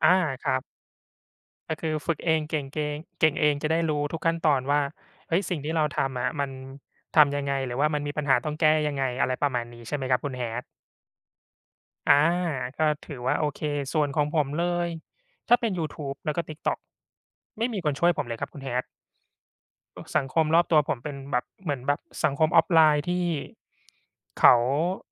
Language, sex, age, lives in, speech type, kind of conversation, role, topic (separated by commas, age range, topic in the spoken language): Thai, male, 35-39, Thailand, conversation, unstructured, none, เคยมีเรื่องราวสนุกๆ เกิดขึ้นระหว่างฝึกทักษะใหม่ไหม?